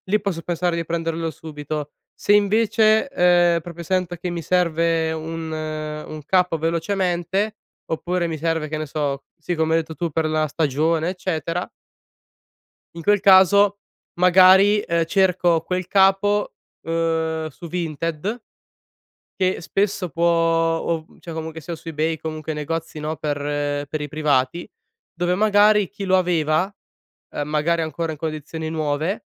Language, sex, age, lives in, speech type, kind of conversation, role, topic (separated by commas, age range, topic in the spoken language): Italian, male, 20-24, Italy, conversation, podcast, guest, Quanto influenza Instagram il tuo modo di vestirti?